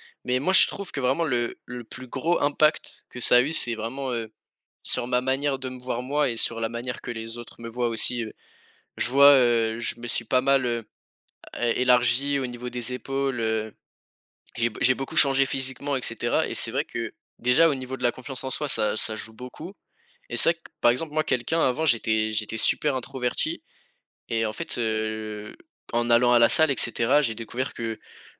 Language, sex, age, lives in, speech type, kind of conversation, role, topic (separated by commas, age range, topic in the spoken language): French, male, 18-19, France, conversation, unstructured, none, Quels effets as-tu remarqués en faisant du sport régulièrement ?